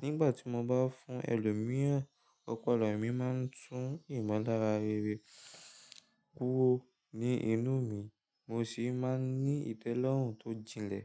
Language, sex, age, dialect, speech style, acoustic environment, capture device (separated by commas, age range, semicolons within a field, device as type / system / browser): Yoruba, male, 18-24, Nigeria - Lagos Yoruba, tired, silence; nature, mobile / Linux / Chrome